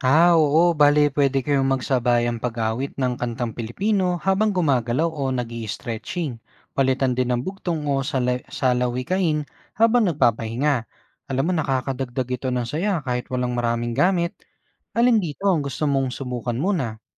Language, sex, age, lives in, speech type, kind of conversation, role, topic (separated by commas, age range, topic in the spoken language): Filipino, male, 25-29, Philippines, read, expert-assistant, expert, Ano ang mga posibleng bagong kombinasyon ng fitness at Filipino culture na maaaring subukan, tulad ng pagsasama ng sayaw o larong Pinoy sa exercise routines?